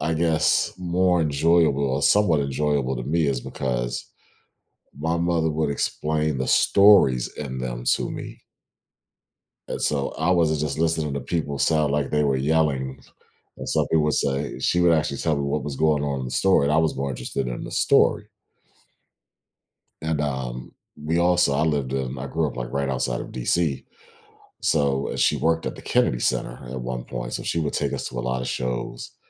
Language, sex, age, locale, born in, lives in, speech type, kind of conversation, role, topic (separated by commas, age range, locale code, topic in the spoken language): English, male, 40-44, en-US, United States, United States, conversation, podcast, guest, What experiences or influences sparked your passion for music?